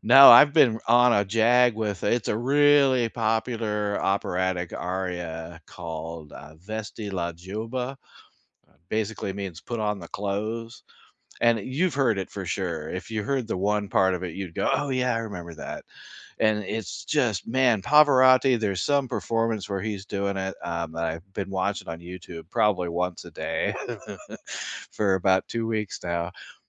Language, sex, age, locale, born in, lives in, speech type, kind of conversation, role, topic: English, male, 60-64, en-US, United States, United States, conversation, unstructured, none, What song brings back strong memories for you?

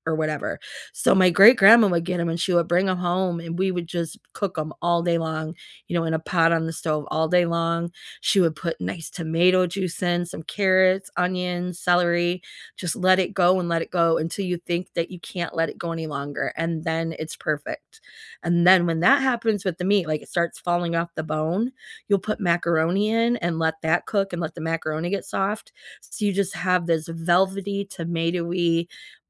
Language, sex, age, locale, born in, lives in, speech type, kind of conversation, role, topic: English, female, 50-54, en-US, United States, United States, conversation, unstructured, none, What comfort food do you reach for, and what memories or rituals make it soothing for you?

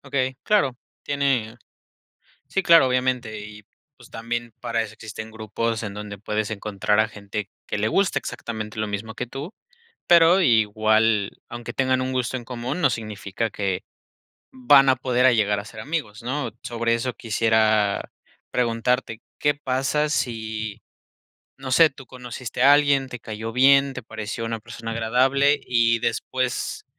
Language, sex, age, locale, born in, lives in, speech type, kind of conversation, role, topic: Spanish, male, 25-29, es-MX, Mexico, Mexico, conversation, podcast, host, ¿Qué consejos darías para hacer nuevos amigos?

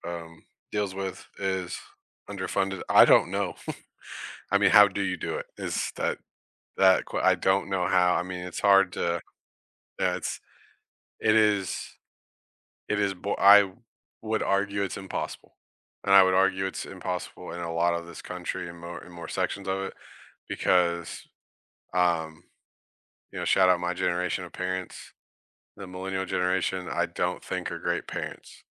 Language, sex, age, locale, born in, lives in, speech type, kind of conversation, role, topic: English, male, 30-34, en-US, United States, United States, conversation, unstructured, none, Should religious education prioritize nurturing personal belief, or should it focus on teaching comparative religion and critical thinking?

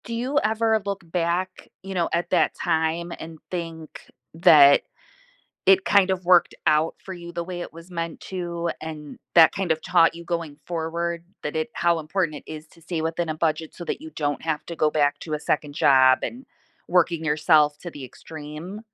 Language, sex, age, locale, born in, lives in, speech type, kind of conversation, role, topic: English, female, 40-44, en-US, United States, United States, conversation, podcast, host, How can creating a budget help you manage your money and achieve your financial goals?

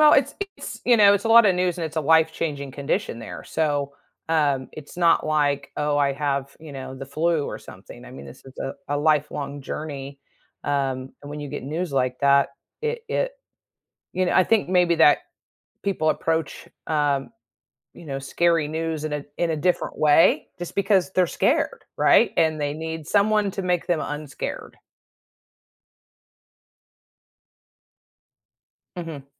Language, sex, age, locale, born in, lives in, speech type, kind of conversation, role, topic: English, female, 50-54, en-US, United States, United States, conversation, podcast, host, Why is it important to see things from someone else’s point of view when trying to resolve disagreements?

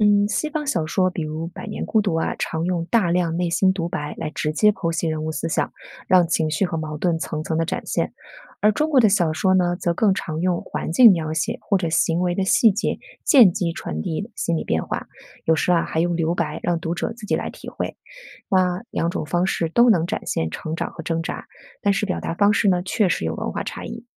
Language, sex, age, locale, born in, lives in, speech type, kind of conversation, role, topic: Chinese, female, 40-44, zh-CN, China, United States, read, expert-assistant, expert, 如果我喜欢中外小说，有哪些方法可以让我更有趣地比较它们？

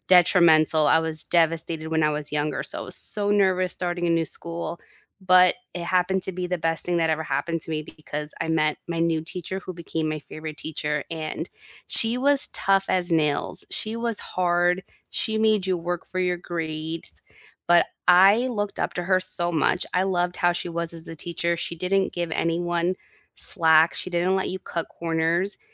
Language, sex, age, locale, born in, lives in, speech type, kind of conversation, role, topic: English, female, 35-39, en-US, United States, United States, conversation, unstructured, none, Which teacher changed the way you think about learning, and what made that impact last?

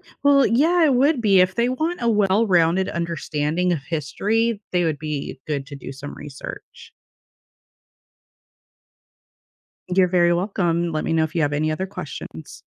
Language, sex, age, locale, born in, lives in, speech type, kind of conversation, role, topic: English, female, 35-39, en-US, United States, United States, read, expert-assistant, expert, How do films influence public understanding of history?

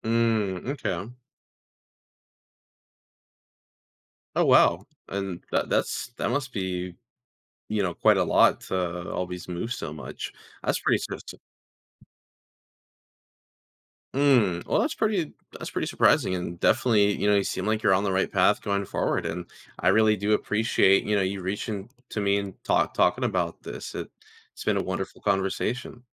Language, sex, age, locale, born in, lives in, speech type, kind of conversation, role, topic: English, male, 20-24, en-US, United States, United States, conversation, advice, advisor, How do I meet new people and feel at home after moving to a new city?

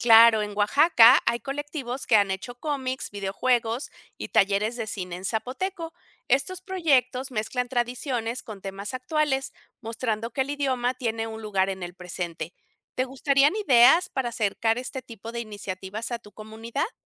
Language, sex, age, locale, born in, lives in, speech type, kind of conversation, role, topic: Spanish, female, 50-54, es-MX, Mexico, Mexico, read, expert-assistant, expert, ¿Qué estrategias prácticas pueden ayudar a que las personas jóvenes se interesen en aprender idiomas en peligro de extinción?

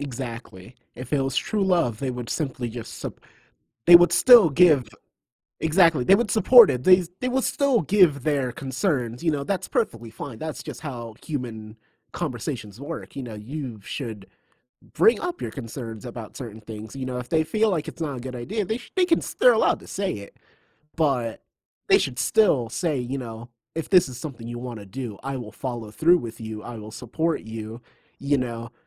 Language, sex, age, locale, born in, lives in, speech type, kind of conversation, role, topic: English, male, 25-29, en-US, United States, United States, conversation, unstructured, none, What is something most people misunderstand about love?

